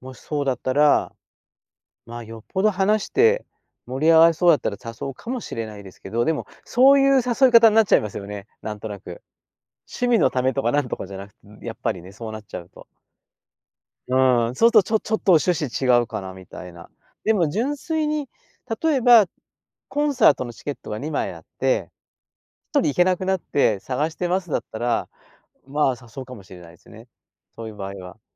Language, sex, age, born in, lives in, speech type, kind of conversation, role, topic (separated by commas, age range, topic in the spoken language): Japanese, male, 60-64, Japan, Japan, conversation, podcast, guest, オンラインでつながった相手と実際に会うのは、どのタイミングがよいですか？